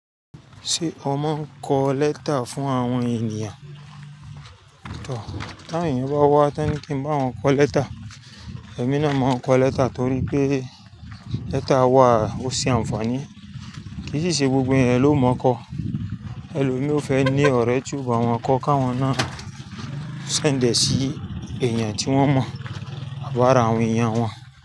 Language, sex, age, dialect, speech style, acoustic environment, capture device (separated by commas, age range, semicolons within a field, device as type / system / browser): Yoruba, female, 35-44, Nigeria - Lagos Yoruba, excited, nature, mobile / Linux / Chrome